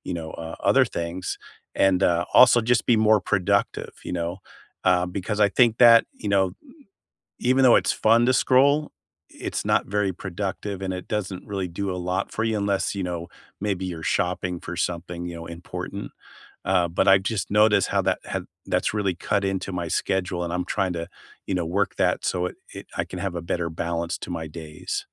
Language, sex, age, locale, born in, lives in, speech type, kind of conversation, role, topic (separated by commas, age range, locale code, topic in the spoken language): English, male, 60-64, en-US, United States, United States, conversation, unstructured, none, How do you balance homework, hobbies, and rest?